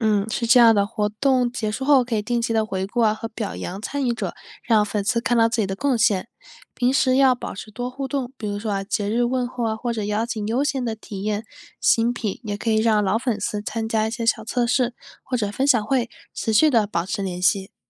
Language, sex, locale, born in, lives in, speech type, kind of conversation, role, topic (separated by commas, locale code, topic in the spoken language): Chinese, female, zh-CN, China, United States, read, expert-assistant, expert, 如果一家企业想让大家更喜欢自己，有哪些简单有效的公关做法可以尝试？